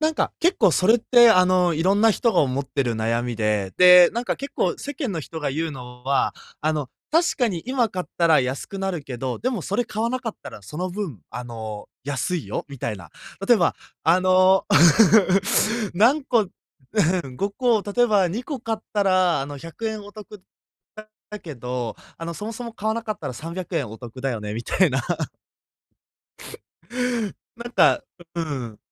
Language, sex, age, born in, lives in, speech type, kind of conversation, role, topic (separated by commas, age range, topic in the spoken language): Japanese, male, 20-24, Japan, Japan, conversation, advice, advisor, 持ち物の管理が負担で、どこから片づければいいですか？